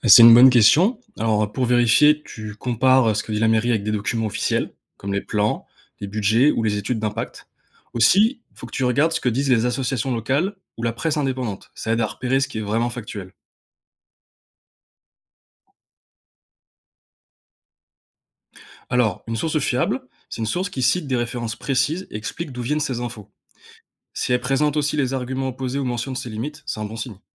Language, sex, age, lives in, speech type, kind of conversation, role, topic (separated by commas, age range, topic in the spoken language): French, male, 20-24, France, read, expert-assistant, expert, Comment savoir si les informations sur un nouveau projet urbain sont fiables ou juste du marketing ?